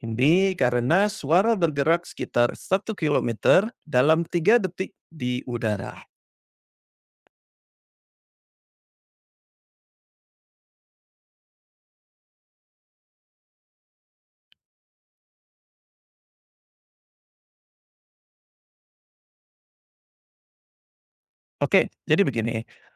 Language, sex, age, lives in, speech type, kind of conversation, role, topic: Indonesian, male, 40-44, Indonesia, read, expert-assistant, expert, Mengapa suara petir terdengar setelah kilat terlihat?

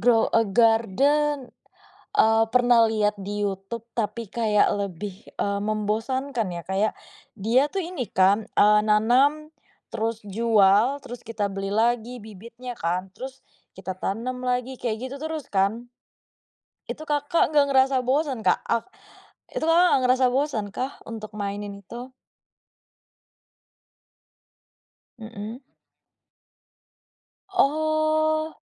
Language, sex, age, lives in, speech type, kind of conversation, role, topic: Indonesian, female, 25-29, Indonesia, conversation, podcast, host, Apa hobi favoritmu, dan kenapa kamu menyukainya?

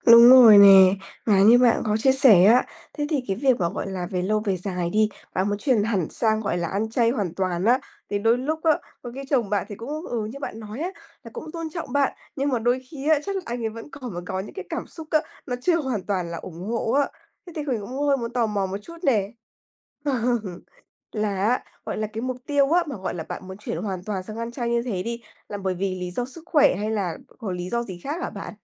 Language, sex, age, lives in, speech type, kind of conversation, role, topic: Vietnamese, female, 20-24, Vietnam, conversation, advice, advisor, Tôi nên làm gì khi vợ hoặc chồng không ủng hộ thói quen ăn uống mới của tôi?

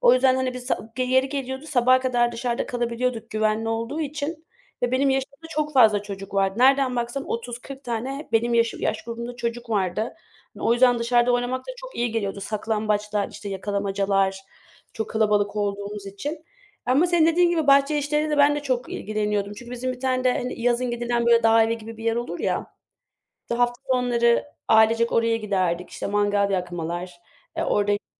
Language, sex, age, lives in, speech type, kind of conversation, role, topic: Turkish, female, 25-29, Germany, conversation, unstructured, none, Teknoloji olmadan bir gününü nasıl geçirirdin?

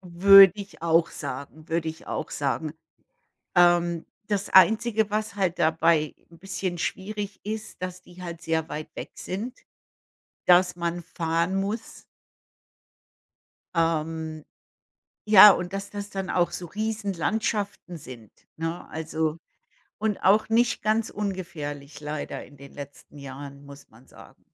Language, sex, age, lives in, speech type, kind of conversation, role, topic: German, female, 55-59, United States, conversation, unstructured, none, Welches Land würdest du immer wieder besuchen wollen?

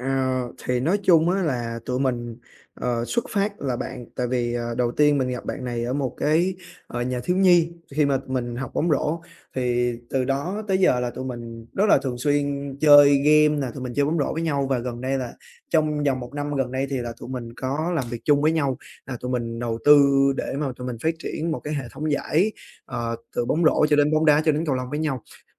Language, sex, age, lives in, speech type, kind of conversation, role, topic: Vietnamese, male, 20-24, Vietnam, conversation, advice, user, Làm sao để giữ tình bạn khi có sự khác biệt về quan điểm?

